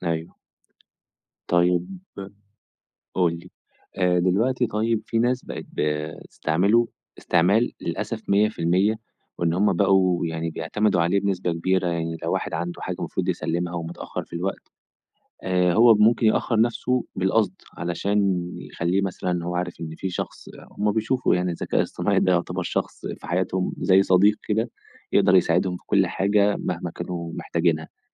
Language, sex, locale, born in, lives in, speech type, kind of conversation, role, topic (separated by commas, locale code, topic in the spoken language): Arabic, male, ar-EG, Egypt, Egypt, conversation, podcast, host, إيه رأيك في الذكاء الاصطناعي وتأثيره على حياتنا اليومية؟